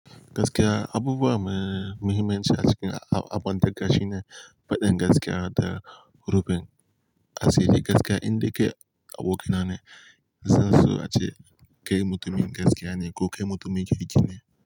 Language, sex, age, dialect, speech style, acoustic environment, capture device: Hausa, male, 18-24, Nigeria - Kano, nervous; excited; focused; tired; anxious; happy, appliances; nature; silence, mobile / Linux / Chrome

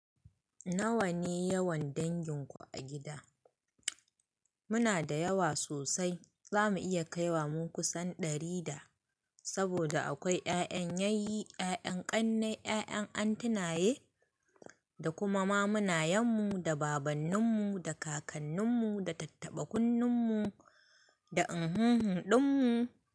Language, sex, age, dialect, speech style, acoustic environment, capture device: Hausa, female, 25-34, Nigeria - Kano, happy; excited, people talking; nature, mobile / Linux / Chrome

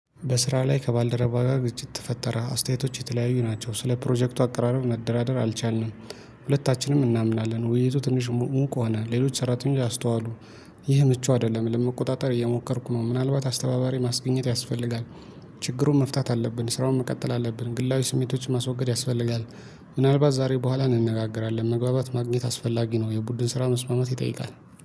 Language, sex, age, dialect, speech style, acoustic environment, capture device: Amharic, male, 25-34, Ethiopia - Addis Ababa, happy, nature, mobile / Linux / Chrome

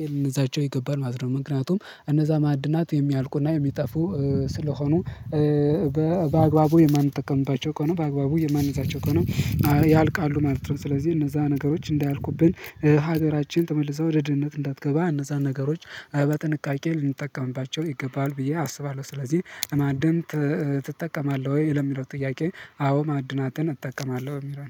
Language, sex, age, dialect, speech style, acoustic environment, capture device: Amharic, male, 18-24, Ethiopia - Gondar, happy, people talking, mobile / Linux / Chrome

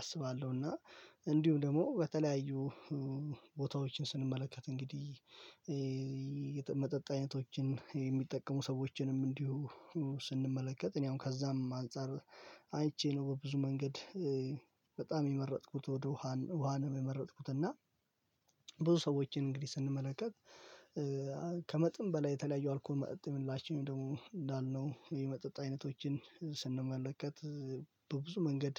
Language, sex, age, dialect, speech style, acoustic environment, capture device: Amharic, male, 18-24, Ethiopia - Addis Ababa, focused, nature, mobile / Linux / Chrome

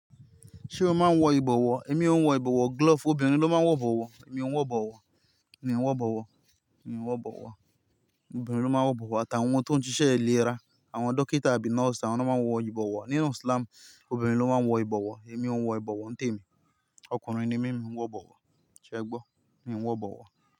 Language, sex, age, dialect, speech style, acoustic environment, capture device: Yoruba, male, 25-34, Nigeria - Ibadan, happy; excited; relaxed, nature, mobile / Linux / Chrome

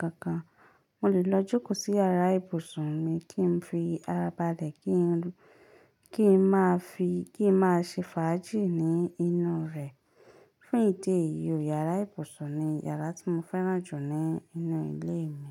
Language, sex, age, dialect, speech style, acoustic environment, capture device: Yoruba, female, 25-34, Nigeria - Ibadan, focused, silence, mobile / Linux / Chrome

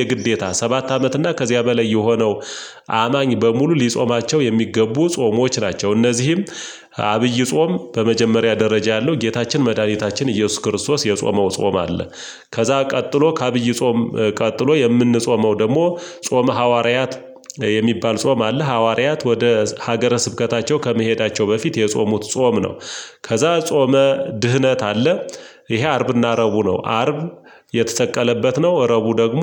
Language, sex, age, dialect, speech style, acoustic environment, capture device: Amharic, male, 35-44, Ethiopia - Gojjam, relaxed, silence, mobile / Linux / Chrome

